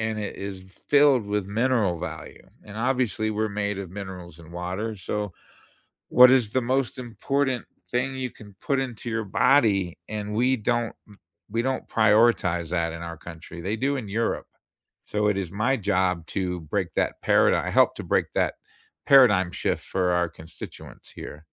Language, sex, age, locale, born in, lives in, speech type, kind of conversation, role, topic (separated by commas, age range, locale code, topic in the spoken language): English, male, 55-59, en-US, United States, United States, conversation, unstructured, none, Have you ever tried to convince someone to take up your hobby?